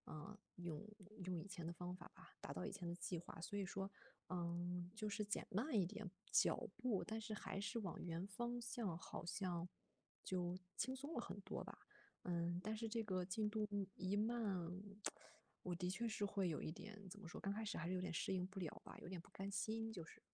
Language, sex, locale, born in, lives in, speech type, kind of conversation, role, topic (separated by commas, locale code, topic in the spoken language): Chinese, female, zh-CN, China, Germany, conversation, advice, user, 在生活发生变化时，我该如何调整自己的目标？